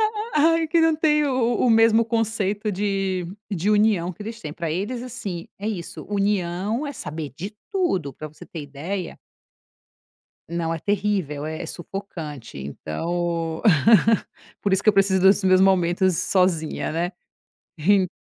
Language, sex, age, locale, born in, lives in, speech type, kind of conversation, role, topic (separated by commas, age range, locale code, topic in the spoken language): Portuguese, female, 35-39, pt-BR, Brazil, Italy, conversation, podcast, guest, O que, pra você, é equilíbrio entre união e individualidade?